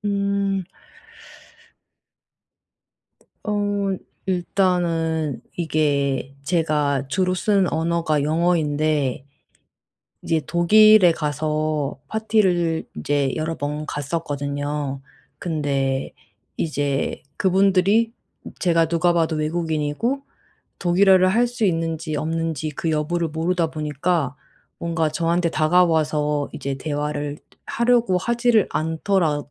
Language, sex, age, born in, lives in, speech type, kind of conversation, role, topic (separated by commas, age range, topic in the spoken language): Korean, female, 35-39, South Korea, Germany, conversation, advice, user, 파티에서 자꾸 소외감과 어색함을 느끼는데 어떻게 해야 하나요?